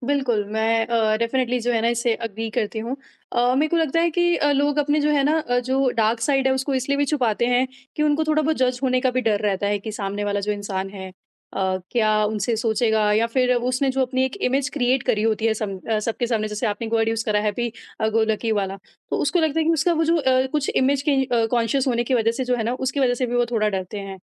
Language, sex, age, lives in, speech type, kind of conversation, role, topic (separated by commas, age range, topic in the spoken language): Hindi, female, 25-29, India, conversation, podcast, host, Social media se tumhe zyada connection milta hai ya zyada akelapan, tumhara kya khayal hai?